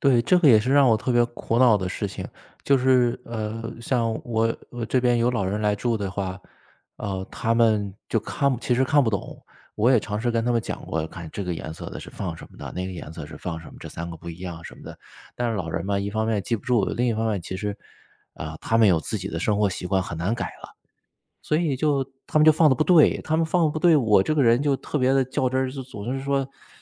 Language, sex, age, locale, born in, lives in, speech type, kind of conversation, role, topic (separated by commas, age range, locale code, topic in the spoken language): Chinese, male, 35-39, zh-CN, China, United States, conversation, podcast, guest, 你家里是怎么做垃圾分类和回收的？